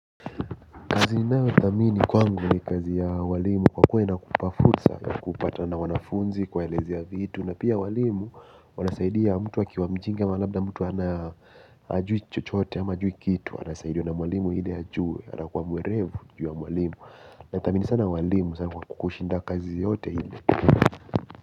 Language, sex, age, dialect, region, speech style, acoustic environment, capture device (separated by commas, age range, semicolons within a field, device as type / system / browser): Swahili, male, 18-24, Kenya - Mombasa, Kenya, focused, silence, mobile / Linux / Chrome